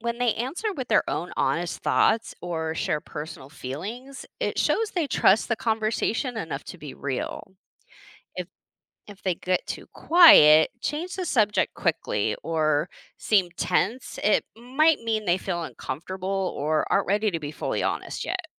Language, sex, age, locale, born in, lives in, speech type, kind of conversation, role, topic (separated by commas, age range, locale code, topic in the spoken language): English, female, 40-44, en-US, United States, United States, read, expert-assistant, expert, Why is honesty important in relationships and self-understanding?